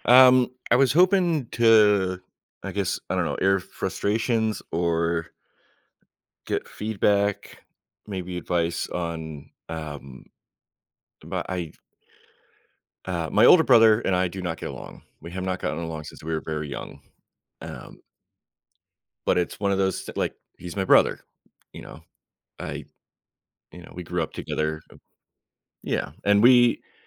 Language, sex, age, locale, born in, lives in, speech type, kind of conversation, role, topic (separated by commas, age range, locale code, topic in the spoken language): English, male, 35-39, en-US, United States, United States, conversation, advice, user, How can I set boundaries with a toxic family member without escalating conflict?